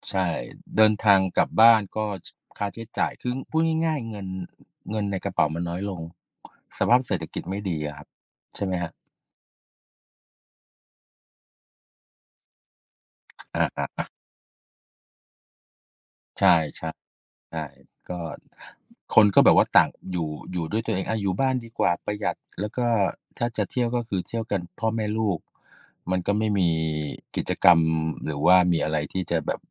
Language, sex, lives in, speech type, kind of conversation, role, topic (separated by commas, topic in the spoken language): Thai, male, Thailand, conversation, unstructured, none, เทศกาลไหนในแต่ละปีที่คุณชอบมากที่สุด และเพราะอะไร?